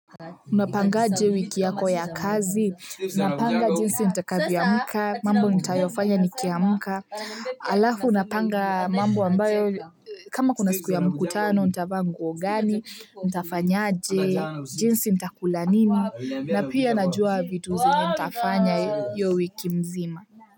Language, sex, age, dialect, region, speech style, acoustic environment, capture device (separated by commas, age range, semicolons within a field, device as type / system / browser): Swahili, female, 18-24, Kenya - Nairobi Sheng-influenced, Kenya, happy, silence, mobile / Linux / Chrome